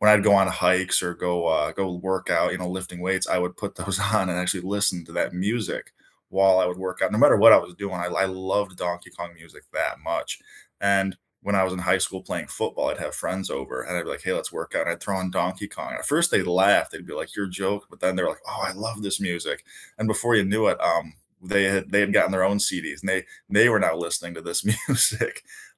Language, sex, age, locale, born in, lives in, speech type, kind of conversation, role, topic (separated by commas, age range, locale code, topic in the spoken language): English, male, 25-29, en-US, United States, United States, conversation, unstructured, none, Which movie, TV series, or video game soundtrack do you love to listen to on its own, and what memories does it bring back for you?